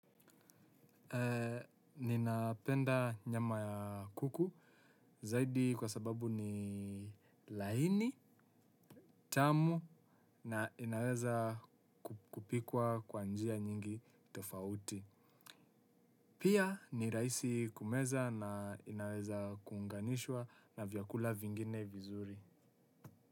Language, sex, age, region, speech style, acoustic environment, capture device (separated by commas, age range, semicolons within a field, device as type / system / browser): Swahili, male, 18-24, Kenya, focused; excited; happy; relaxed, silence, desktop / Windows / Chrome